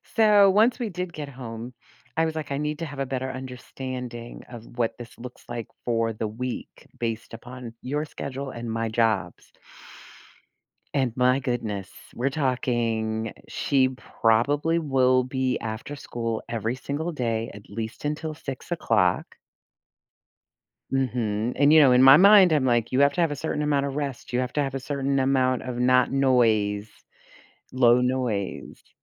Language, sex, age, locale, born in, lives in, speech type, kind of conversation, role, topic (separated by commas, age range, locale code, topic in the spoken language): English, female, 55-59, en-US, United States, United States, conversation, advice, user, How can I set boundaries to better balance work and personal life?